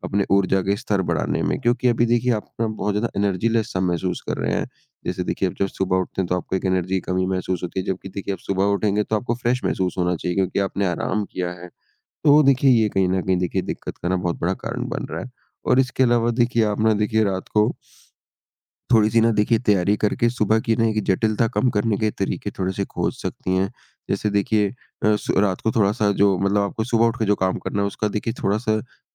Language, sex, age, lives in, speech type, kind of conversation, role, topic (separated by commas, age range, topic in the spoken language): Hindi, male, 55-59, India, conversation, advice, advisor, सुबह उठने के बाद मैं अपने दिन की शुरुआत व्यवस्थित ढंग से कैसे कर सकता/सकती हूँ?